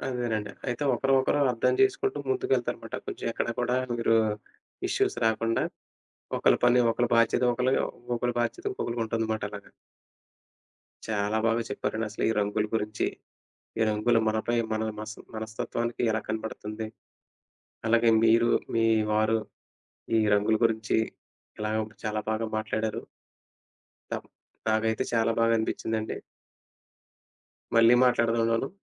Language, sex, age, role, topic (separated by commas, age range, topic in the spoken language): Telugu, male, 30-34, host, రంగులు ఎంచుకునే తీరును బట్టి మన మనస్తత్వం ఎలా తెలుస్తుంది?